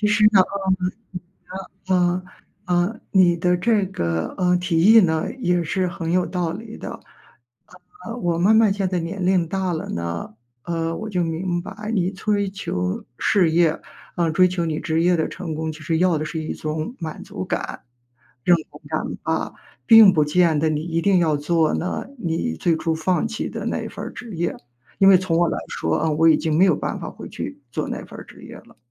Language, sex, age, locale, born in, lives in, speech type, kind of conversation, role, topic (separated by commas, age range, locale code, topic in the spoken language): Chinese, female, 55-59, zh-CN, China, United States, conversation, advice, user, 我该如何在创业和家庭生活之间取得平衡？